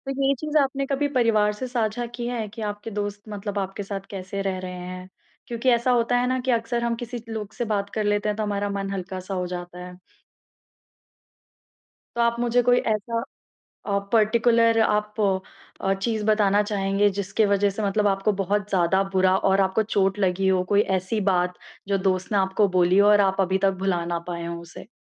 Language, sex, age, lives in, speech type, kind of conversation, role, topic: Hindi, female, 20-24, India, conversation, advice, advisor, मैं परिवार की उम्मीदों के बीच अपने रिश्तों में स्वस्थ सीमाएँ कैसे तय करूँ?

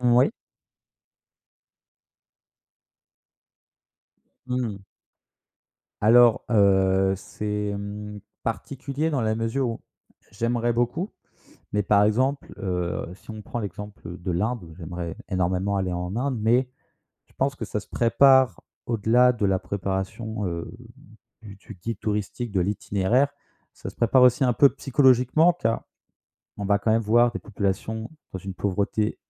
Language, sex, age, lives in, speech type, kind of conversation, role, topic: French, male, 25-29, France, conversation, podcast, guest, Comment restes-tu curieux pendant tes voyages ?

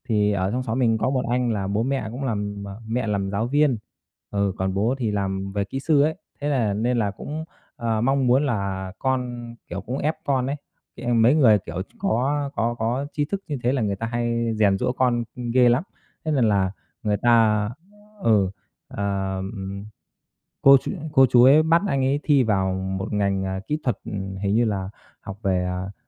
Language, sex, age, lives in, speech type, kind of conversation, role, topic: Vietnamese, male, 30-34, Vietnam, conversation, unstructured, none, Bạn cảm thấy thế nào khi gia đình đặt quá nhiều kỳ vọng lên bạn?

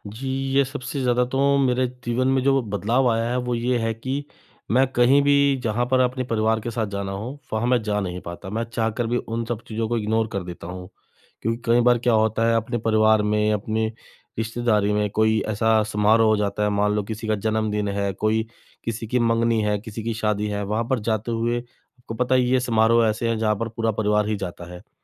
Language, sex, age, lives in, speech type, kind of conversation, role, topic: Hindi, male, 35-39, India, conversation, advice, user, शर्मनाक पल के बाद मैं अपना आत्म-सम्मान और खुद पर भरोसा फिर से कैसे जगा सकता/सकती हूँ?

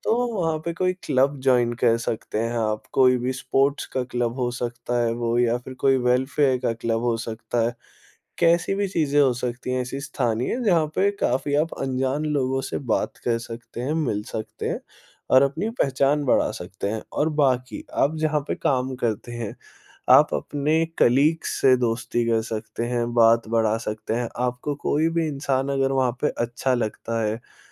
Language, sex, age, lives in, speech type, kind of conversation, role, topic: Hindi, male, 20-24, India, conversation, advice, advisor, नए शहर में भरोसा और दीर्घकालिक दोस्ती कैसे बनाई जाए?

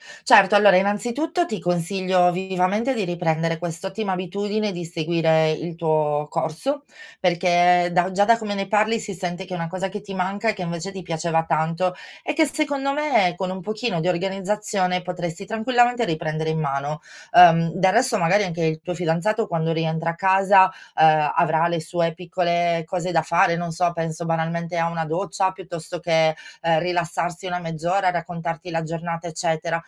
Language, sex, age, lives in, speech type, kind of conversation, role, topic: Italian, female, 40-44, Italy, conversation, advice, advisor, Come posso trovare un equilibrio tra la vita di coppia e la mia autonomia personale?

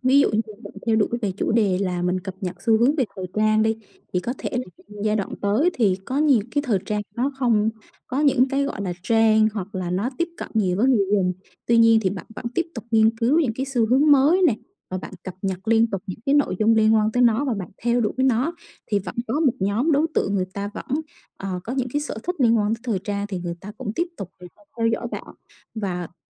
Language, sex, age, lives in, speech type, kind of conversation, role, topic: Vietnamese, female, 35-39, Vietnam, conversation, advice, advisor, Làm sao để bớt nản lòng sau vài lần thất bại khi luyện tập sáng tạo?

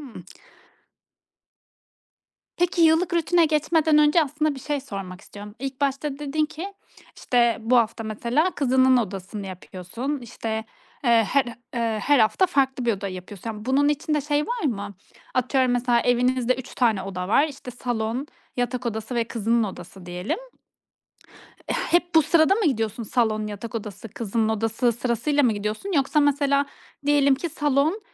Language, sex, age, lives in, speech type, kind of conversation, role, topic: Turkish, female, 30-34, Estonia, conversation, podcast, host, Dağınıklığı hızlıca toparlamak için ne yaparsın?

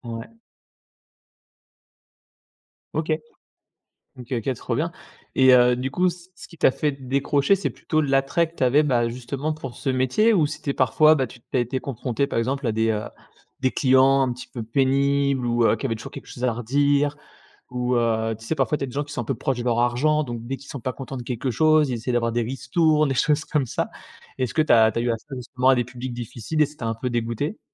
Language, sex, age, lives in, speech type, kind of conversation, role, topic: French, male, 30-34, France, conversation, podcast, host, Comment sais-tu qu’il est temps de changer de travail ?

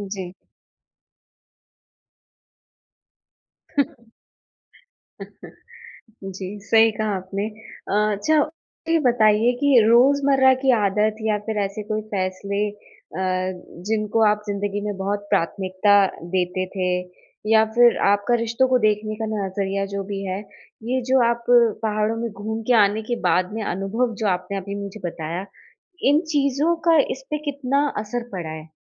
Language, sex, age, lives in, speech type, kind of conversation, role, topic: Hindi, female, 30-34, India, conversation, podcast, host, पहाड़ों में सुबह की सैर ने आपका नज़रिया कैसे बदला?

